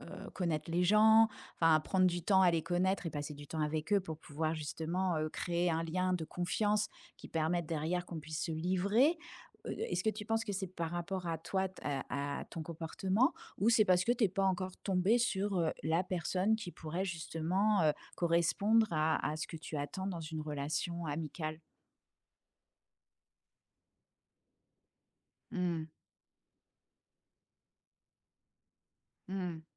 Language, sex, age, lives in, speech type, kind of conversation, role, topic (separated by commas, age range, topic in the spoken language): French, female, 45-49, France, conversation, advice, advisor, Comment vous êtes-vous adapté(e) à votre déménagement dans une nouvelle ville, et pourquoi est-il difficile d’y créer un réseau social ?